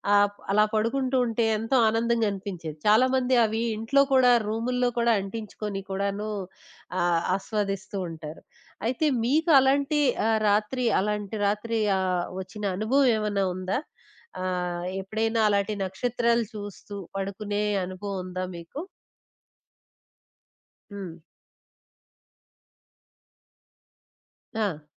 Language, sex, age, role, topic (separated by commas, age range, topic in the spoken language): Telugu, female, 20-24, host, నక్షత్రాల కింద గడిపిన ఒక రాత్రి మీకు ఎలా అనిపించింది?